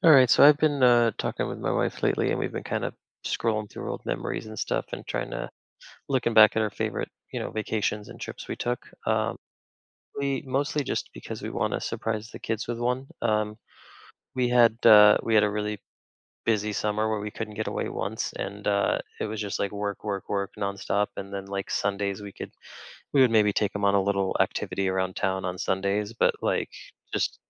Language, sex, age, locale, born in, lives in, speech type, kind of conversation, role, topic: English, male, 35-39, en-US, United States, United States, conversation, advice, user, How can I preserve and relive the memories from a favorite vacation?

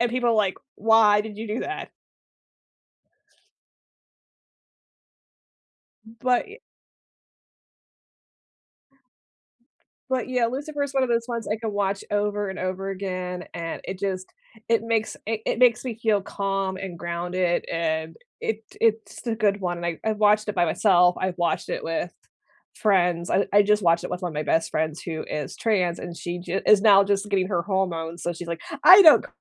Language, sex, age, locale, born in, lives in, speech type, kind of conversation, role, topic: English, female, 40-44, en-US, United States, United States, conversation, unstructured, none, When life feels heavy, what comfort shows or films do you return to, and why?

